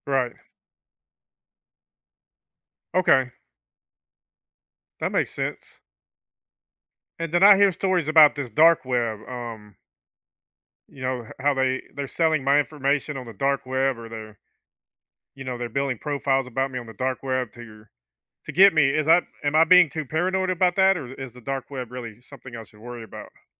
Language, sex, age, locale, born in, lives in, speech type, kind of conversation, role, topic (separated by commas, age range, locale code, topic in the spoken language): English, male, 50-54, en-US, United States, United States, conversation, advice, user, How do I keep my personal data safe online?